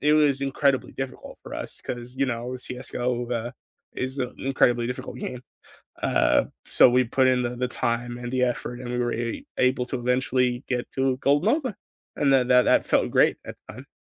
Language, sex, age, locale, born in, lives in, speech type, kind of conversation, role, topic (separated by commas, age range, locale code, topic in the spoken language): English, male, 20-24, en-US, United States, United States, conversation, unstructured, none, What video games have brought you closer to friends or family?